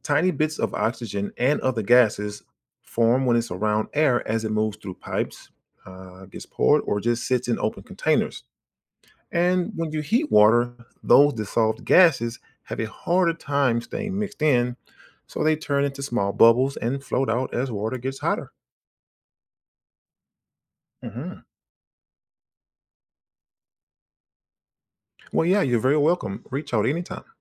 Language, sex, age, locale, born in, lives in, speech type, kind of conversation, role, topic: English, male, 40-44, en-US, United States, United States, read, expert-assistant, expert, Why do bubbles form in boiling water?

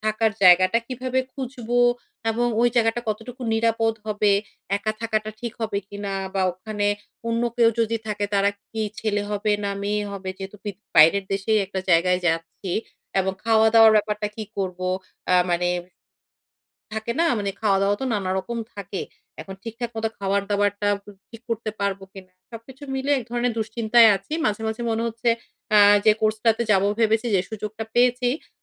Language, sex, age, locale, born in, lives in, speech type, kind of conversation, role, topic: Bengali, female, 35-39, bn-BD, Bangladesh, Finland, conversation, advice, user, অপরিচিত জায়গায় ভ্রমণের সময় কীভাবে নিরাপদ থাকব এবং কীভাবে প্রস্তুতি নেব?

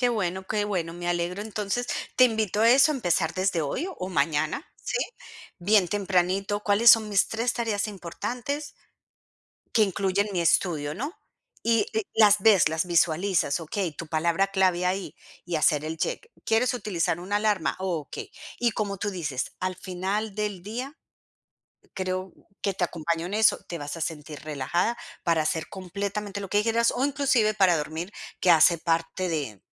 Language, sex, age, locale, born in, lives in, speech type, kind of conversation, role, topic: Spanish, female, 45-49, es-CO, Colombia, United States, conversation, advice, advisor, ¿Por qué estás posponiendo tareas importantes?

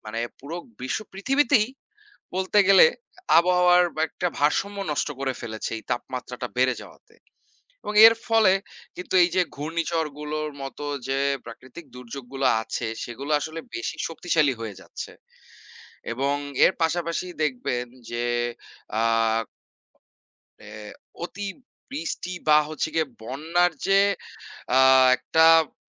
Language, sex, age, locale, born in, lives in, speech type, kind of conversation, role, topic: Bengali, male, 25-29, bn-BD, Bangladesh, Bangladesh, conversation, unstructured, none, আপনার মতে আজকাল প্রাকৃতিক দুর্যোগ কেন বেশি হচ্ছে?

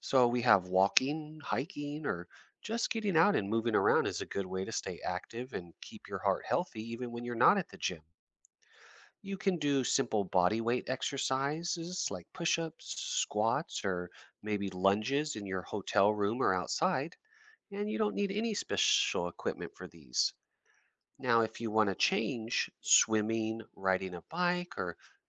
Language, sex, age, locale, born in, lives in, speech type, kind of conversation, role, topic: English, male, 35-39, en-US, United States, United States, read, expert-assistant, expert, Why does your heart beat faster when you exercise?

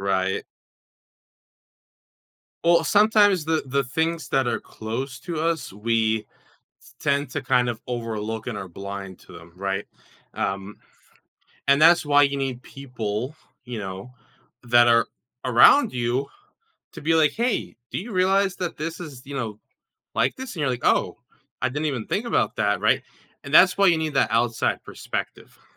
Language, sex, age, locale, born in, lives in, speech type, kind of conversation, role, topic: English, male, 20-24, en-US, United States, United States, conversation, advice, advisor, How can I make my workday and evenings feel less repetitive?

